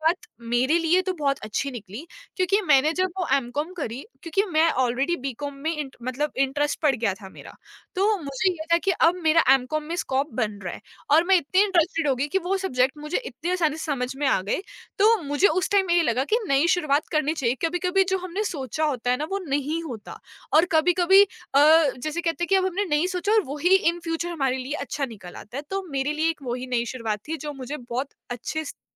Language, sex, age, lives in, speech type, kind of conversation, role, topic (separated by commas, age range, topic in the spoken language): Hindi, female, 20-24, India, conversation, podcast, guest, Nayi shuruat karne walon ko aap kya salah denge?